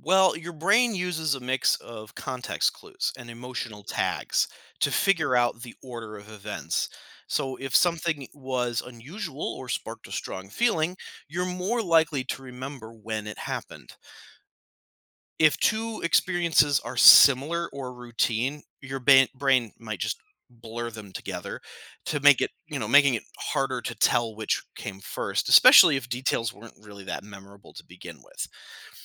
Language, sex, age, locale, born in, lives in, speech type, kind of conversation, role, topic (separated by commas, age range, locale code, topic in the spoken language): English, male, 35-39, en-US, United States, United States, read, expert-assistant, expert, How does your brain interpret time?